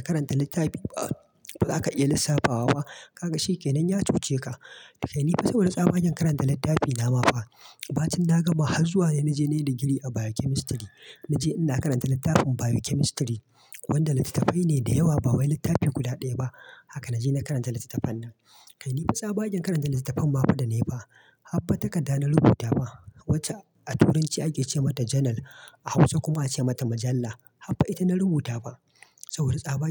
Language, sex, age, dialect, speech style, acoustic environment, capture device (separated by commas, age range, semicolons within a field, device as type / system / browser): Hausa, male, 25-34, Nigeria - Kano, focused; relaxed; happy; excited, silence, mobile / Linux / Chrome